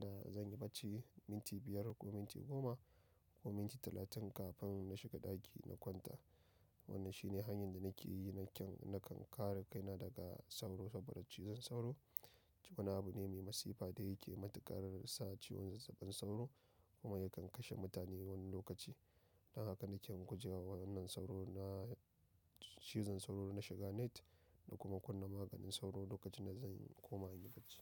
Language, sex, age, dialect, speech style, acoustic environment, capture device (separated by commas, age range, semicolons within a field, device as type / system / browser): Hausa, male, 25-34, Nigeria - Nigerian Standard, excited; happy, people talking; nature, mobile / macOS / Safari